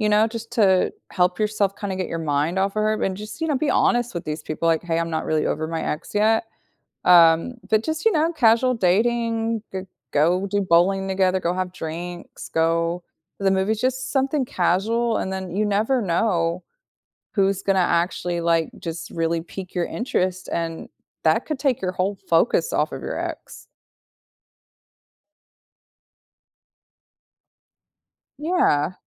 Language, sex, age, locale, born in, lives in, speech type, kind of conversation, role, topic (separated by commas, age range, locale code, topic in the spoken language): English, female, 35-39, en-US, United States, United States, conversation, advice, advisor, How do I cope and decide whether to reconnect after a sudden breakup from a long relationship?